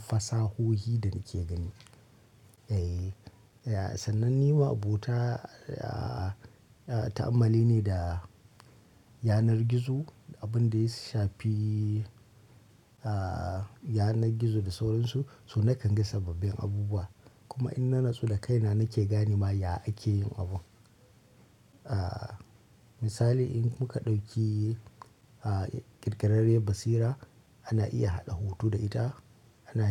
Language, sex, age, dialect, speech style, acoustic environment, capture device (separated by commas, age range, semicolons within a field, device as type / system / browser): Hausa, male, 25-34, Nigeria - Kano, excited, nature, mobile / Linux / Chrome